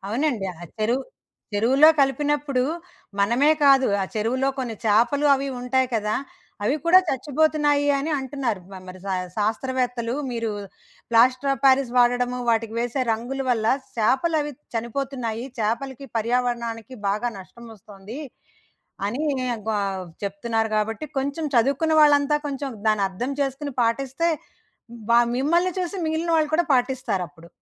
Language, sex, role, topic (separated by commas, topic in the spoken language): Telugu, female, host, స్థానిక పండుగలో పాల్గొన్నప్పుడు మీకు అత్యంత గుర్తుండిపోయిన విశేషం ఏది?